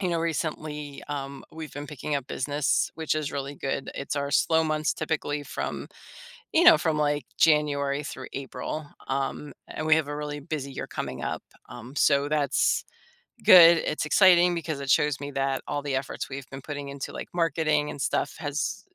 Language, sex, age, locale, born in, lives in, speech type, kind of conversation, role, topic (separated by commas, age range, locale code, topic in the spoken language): English, female, 50-54, en-US, United States, United States, conversation, advice, user, How do I figure out what truly brings me joy?